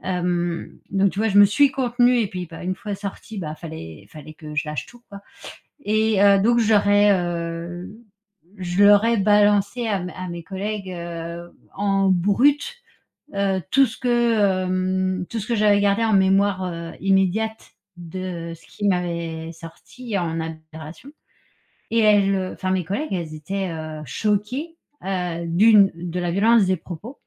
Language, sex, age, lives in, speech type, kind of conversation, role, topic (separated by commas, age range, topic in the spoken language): French, female, 40-44, France, conversation, advice, user, Comment répondre à des remarques critiques lors d'un entretien d'évaluation ?